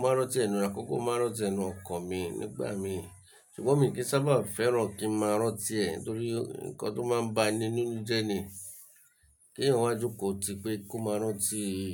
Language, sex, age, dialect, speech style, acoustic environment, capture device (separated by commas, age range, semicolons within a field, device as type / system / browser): Yoruba, male, 35-44, Nigeria - Lagos Yoruba, relaxed, silence; nature, mobile / Linux / Chrome